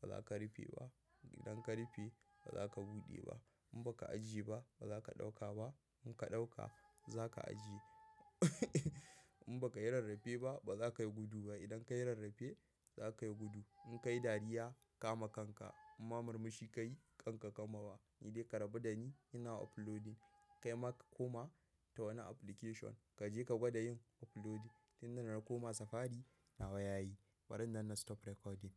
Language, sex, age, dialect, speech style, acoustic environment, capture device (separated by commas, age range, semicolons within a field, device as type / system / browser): Hausa, male, 18-24, Nigeria - Kano, anxious; happy, nature, mobile / macOS / Safari